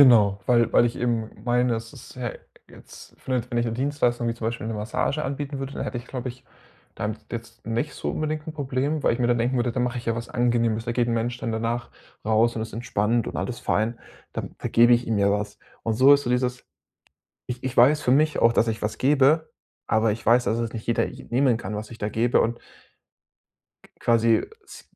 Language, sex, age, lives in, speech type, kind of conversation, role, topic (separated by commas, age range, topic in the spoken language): German, male, 25-29, Germany, conversation, advice, user, Wie kann ich meine Angst vor Misserfolg bei neuen Aktivitäten verringern?